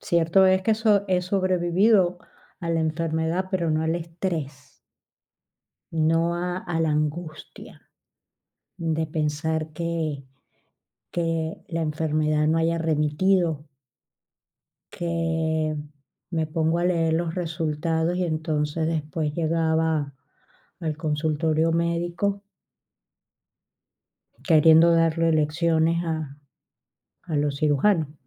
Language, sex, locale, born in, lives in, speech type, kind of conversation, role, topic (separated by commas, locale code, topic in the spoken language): Spanish, female, es-VE, Spain, Spain, conversation, advice, user, ¿Cómo estás llevando la espera de resultados importantes, ya sean médicos, legales o laborales?